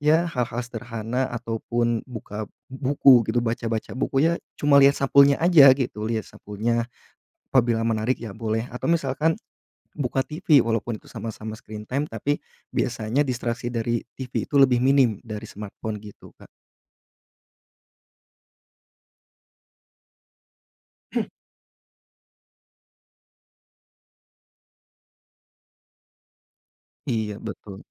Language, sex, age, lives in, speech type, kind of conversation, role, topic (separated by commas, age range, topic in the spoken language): Indonesian, male, 30-34, Indonesia, conversation, podcast, guest, Apa triknya supaya nggak kebablasan scroll media sosial?